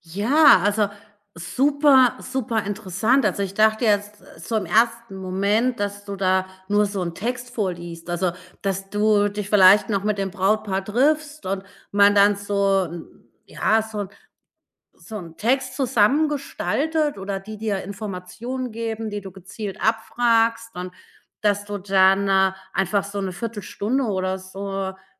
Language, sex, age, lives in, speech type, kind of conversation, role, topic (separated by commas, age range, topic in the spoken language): German, female, 40-44, Germany, conversation, podcast, host, Kannst du uns von deinem aktuellen Herzensprojekt erzählen?